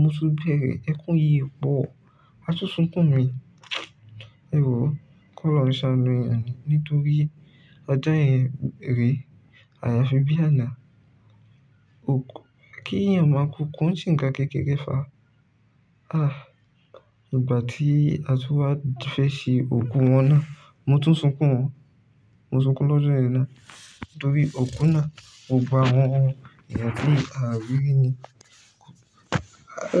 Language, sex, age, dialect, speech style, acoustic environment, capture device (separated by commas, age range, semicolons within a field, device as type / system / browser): Yoruba, male, 18-24, Nigeria - Ibadan, relaxed, silence, mobile / Linux / Chrome